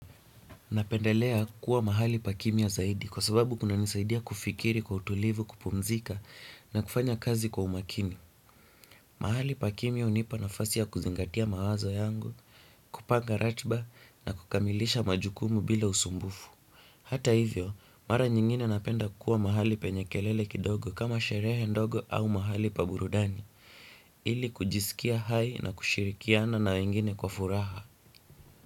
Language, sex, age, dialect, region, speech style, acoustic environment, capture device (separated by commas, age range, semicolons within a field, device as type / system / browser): Swahili, male, 18-24, Kenya - Nairobi Sheng-influenced, Kenya, relaxed; happy, silence, desktop / Windows / Chrome